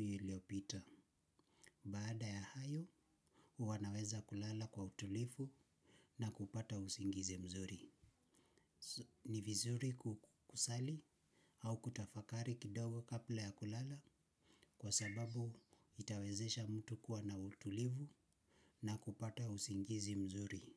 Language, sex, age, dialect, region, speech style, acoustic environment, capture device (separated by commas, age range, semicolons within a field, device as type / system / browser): Swahili, male, 25-34, Kenya - Nairobi Sheng-influenced, Kenya, relaxed, silence, mobile / Linux / Chrome